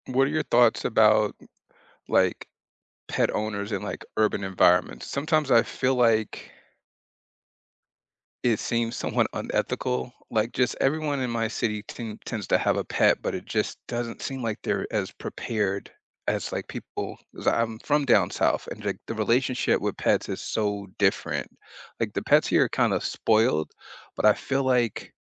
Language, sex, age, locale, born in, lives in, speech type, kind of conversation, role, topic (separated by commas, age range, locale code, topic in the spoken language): English, male, 40-44, en-US, United States, United States, conversation, unstructured, none, What should you consider before adopting a pet?